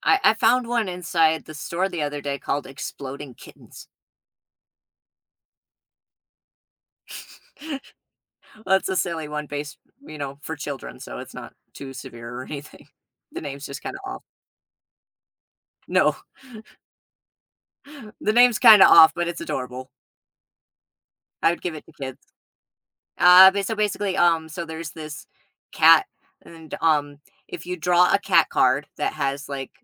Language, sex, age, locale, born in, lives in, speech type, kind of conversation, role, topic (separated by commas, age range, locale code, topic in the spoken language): English, male, 30-34, en-US, United States, United States, conversation, unstructured, none, Would you rather play video games or board games?